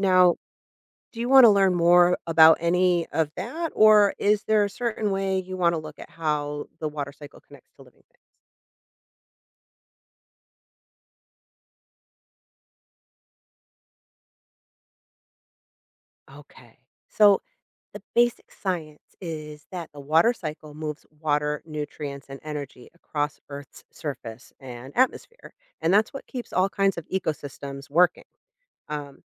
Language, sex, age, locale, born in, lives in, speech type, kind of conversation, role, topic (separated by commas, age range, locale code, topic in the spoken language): English, female, 40-44, en-US, United States, United States, read, expert-assistant, expert, How does the water cycle support life on Earth?